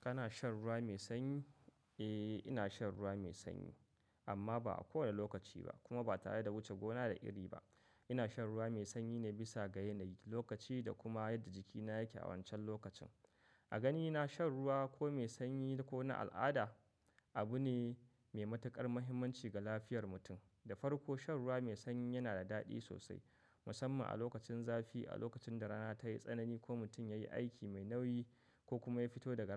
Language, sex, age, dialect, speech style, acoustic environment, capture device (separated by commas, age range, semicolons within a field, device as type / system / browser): Hausa, male, 25-34, Nigeria - Katsina, happy, silence, mobile / macOS / Safari